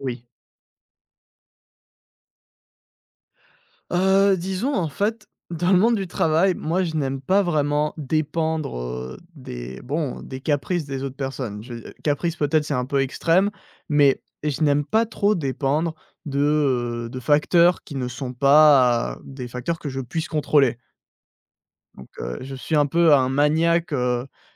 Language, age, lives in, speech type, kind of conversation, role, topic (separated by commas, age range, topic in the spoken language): French, 20-24, France, conversation, podcast, guest, Préférez-vous reprendre seul(e) ou avec d’autres personnes ?